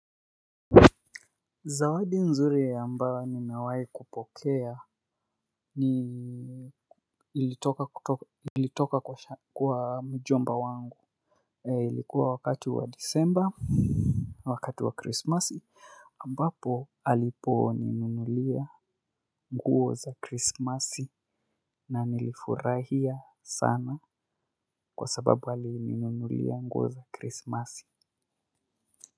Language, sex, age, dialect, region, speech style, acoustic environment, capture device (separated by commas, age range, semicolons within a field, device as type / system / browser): Swahili, male, 25-34, Kenya - Nairobi Sheng-influenced, Kenya, excited; focused; relaxed, silence, mobile / Linux / Chrome